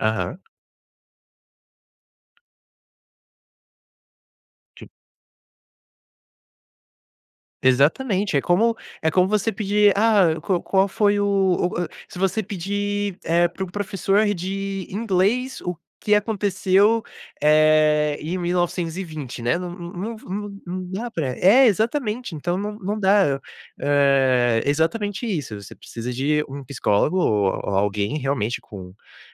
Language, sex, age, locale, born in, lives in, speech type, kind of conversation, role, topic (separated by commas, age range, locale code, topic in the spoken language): Portuguese, male, 20-24, pt-BR, Brazil, United States, conversation, podcast, host, Como manter hábitos quando a vida muda do nada?